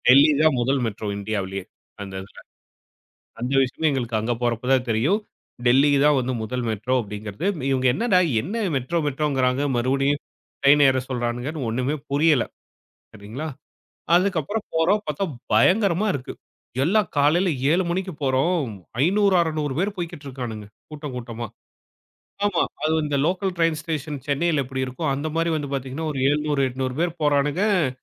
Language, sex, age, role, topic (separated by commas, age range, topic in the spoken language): Tamil, male, 35-39, guest, பயணத்தில் நீங்கள் செய்த பெரிய தவறிலிருந்து என்ன கற்றுக்கொண்டீர்கள்?